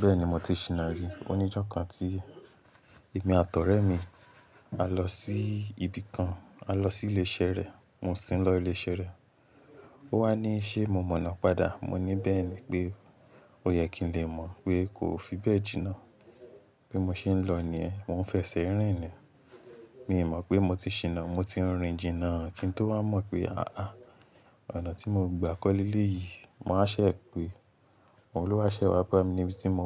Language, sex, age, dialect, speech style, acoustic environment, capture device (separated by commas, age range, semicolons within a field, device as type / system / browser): Yoruba, male, 18-24, Nigeria - Lagos Yoruba, relaxed, nature, mobile / Linux / Chrome